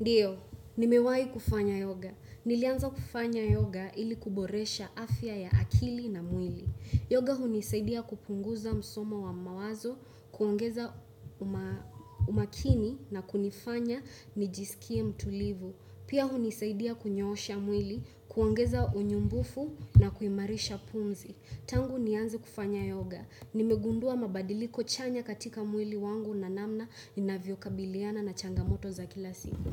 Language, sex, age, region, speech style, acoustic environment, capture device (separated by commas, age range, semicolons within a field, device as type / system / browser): Swahili, male, 25-34, Kenya, focused; happy; relaxed, silence, desktop / Windows / Chrome